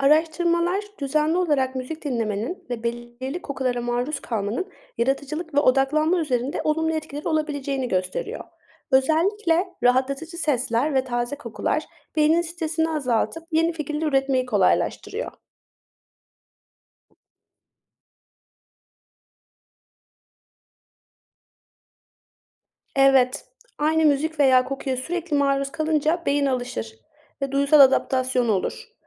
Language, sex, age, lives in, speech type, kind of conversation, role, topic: Turkish, female, 25-29, Germany, read, expert-assistant, expert, Zamanım kısıtlı olduğunda yaratıcılığımı nasıl canlı tutabilirim?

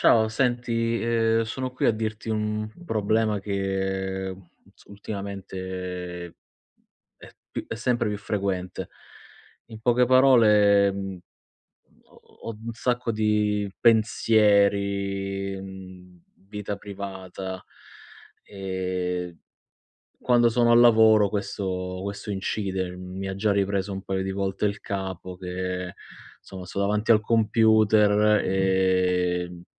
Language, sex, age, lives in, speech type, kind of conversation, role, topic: Italian, male, 30-34, Italy, conversation, advice, user, Come posso ridurre le distrazioni al lavoro usando tecniche di concentrazione e intervalli?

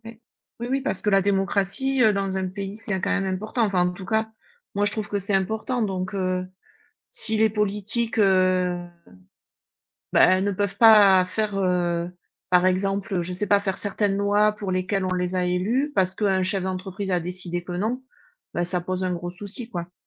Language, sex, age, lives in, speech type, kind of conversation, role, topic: French, female, 40-44, United States, conversation, unstructured, none, Faut-il limiter le pouvoir des grandes entreprises en politique ?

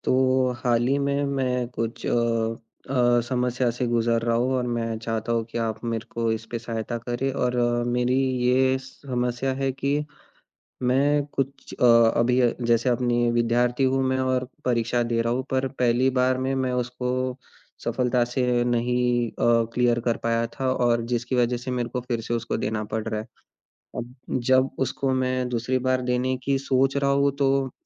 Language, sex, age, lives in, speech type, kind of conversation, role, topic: Hindi, male, 20-24, India, conversation, advice, user, मैं अस्वीकृति के डर को कैसे संभालूं और आगे कैसे बढ़ूं?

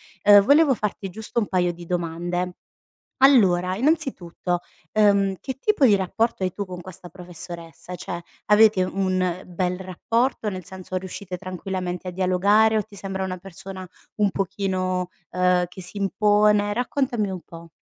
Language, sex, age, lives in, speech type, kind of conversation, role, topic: Italian, female, 30-34, Italy, conversation, advice, advisor, Come posso chiedere una promozione o un cambio di ruolo senza sembrare presuntuoso?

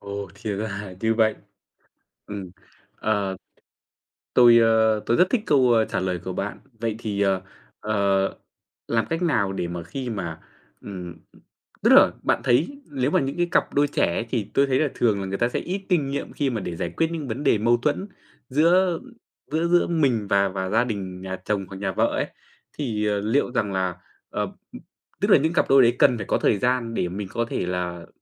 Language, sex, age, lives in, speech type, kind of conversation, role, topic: Vietnamese, male, 25-29, Vietnam, conversation, podcast, host, Làm sao để giữ mối quan hệ tốt với nhà chồng hoặc nhà vợ?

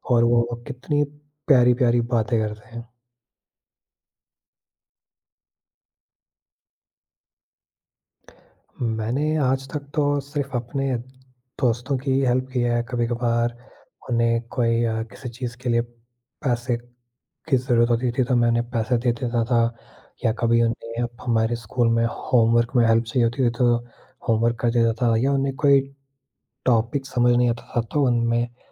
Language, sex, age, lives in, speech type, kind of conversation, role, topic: Hindi, male, 18-19, India, conversation, podcast, guest, Kisi safar mein tumne kabhi koi anjaani aur unexpected meharbani dekhi ho, toh tumhe kaisa laga?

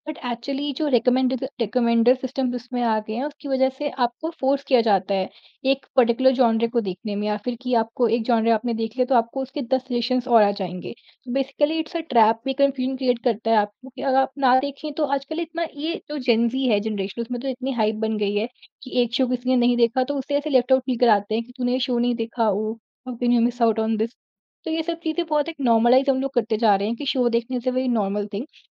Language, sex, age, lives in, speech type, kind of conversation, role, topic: Hindi, female, 40-44, India, conversation, podcast, guest, Streaming platforms ne tumhari dekhne ki aadat kitni badli hai, aur tumne kya-kya badlav notice kiya hai?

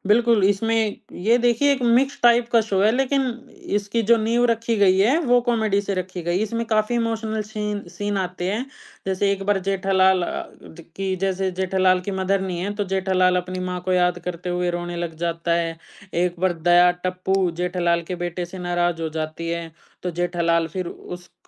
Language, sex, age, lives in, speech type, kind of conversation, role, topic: Hindi, male, 20-24, India, conversation, podcast, guest, Kaunsa TV serial tumhe sabse zyada baandh kar rakhta tha?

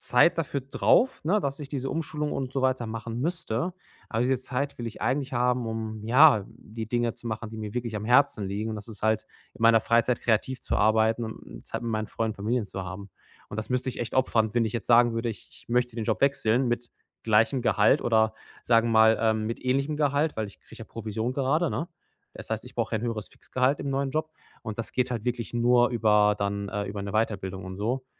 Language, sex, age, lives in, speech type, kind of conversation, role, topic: German, male, 30-34, Germany, conversation, advice, user, Wie finde ich eine gute Balance zwischen meiner Außenwirkung und meinem inneren Selbst?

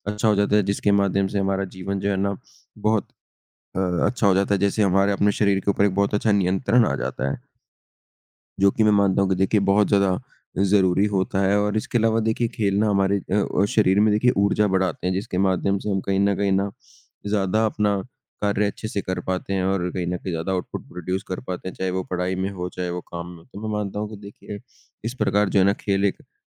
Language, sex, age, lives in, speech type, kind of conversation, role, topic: Hindi, male, 20-24, India, conversation, unstructured, none, आपके हिसाब से खेल किस तरह जीवन को बदल सकते हैं?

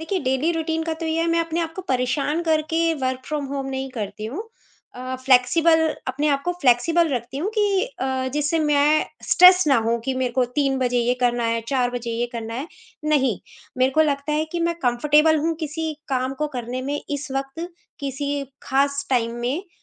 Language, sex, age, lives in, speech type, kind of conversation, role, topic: Hindi, female, 45-49, India, conversation, podcast, guest, Work-from-home aur family ka balance aap kaise maintain karte hain?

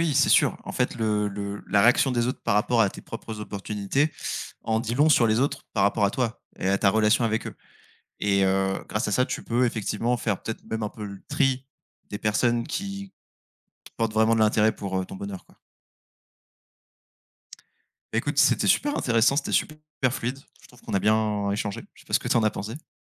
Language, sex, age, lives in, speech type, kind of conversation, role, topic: French, male, 25-29, France, conversation, unstructured, none, Comment décides-tu quelles opportunités saisir pour ton avenir ?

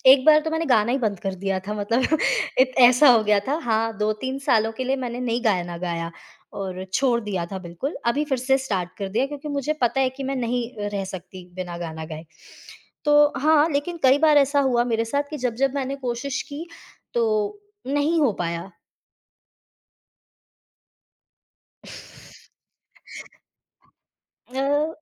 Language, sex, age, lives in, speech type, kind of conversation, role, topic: Hindi, female, 25-29, India, conversation, podcast, guest, किस मौके पर आपके साथ कौन-सा गाना जुड़ गया था?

